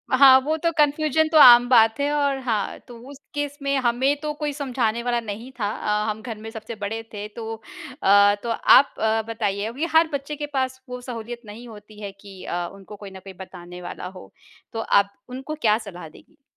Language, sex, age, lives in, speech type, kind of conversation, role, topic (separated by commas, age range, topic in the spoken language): Hindi, female, 35-39, India, conversation, podcast, host, करियर चुनते समय आप किन बातों को सबसे ज्यादा महत्व देते हैं?